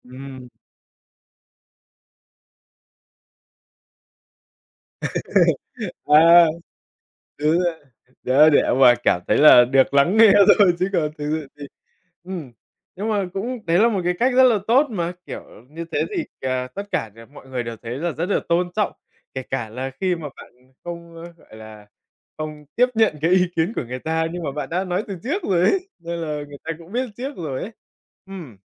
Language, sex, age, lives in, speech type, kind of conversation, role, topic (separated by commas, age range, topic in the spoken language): Vietnamese, male, 20-24, Vietnam, conversation, podcast, host, Làm sao để giữ ranh giới lành mạnh khi sống chung nhà nhiều thế hệ?